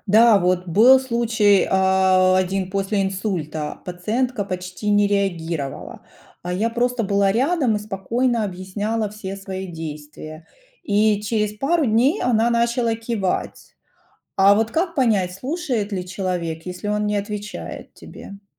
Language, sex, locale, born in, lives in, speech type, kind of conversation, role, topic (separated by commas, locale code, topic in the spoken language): Russian, female, ru-RU, Ukraine, United States, read, expert-assistant, asker, Как медсестре находить общий язык с разными пациентами и их родственниками, чтобы избежать недопонимания?